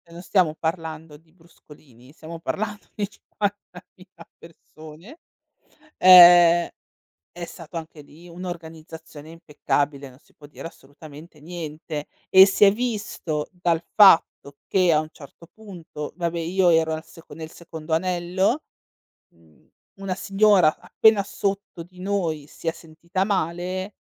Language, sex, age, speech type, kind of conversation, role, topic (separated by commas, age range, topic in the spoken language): Italian, female, 40-44, conversation, podcast, guest, Qual è stato il concerto più memorabile a cui hai assistito?